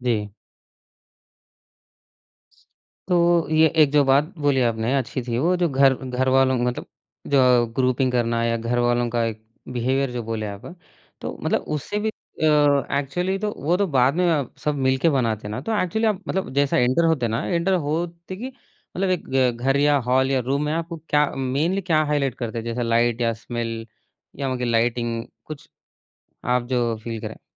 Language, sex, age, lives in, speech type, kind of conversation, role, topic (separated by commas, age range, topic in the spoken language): Hindi, male, 20-24, India, conversation, podcast, host, Kaun si cheez ghar ko turant apna-sa aur khushnuma bana deti hai?